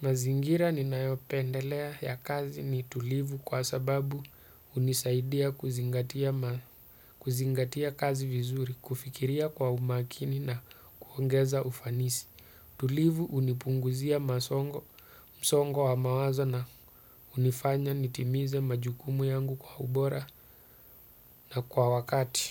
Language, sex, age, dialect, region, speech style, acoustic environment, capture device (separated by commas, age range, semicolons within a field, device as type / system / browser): Swahili, male, 35-44, Kenya - Mombasa, Kenya, happy; focused, nature; silence, desktop / Windows / Chrome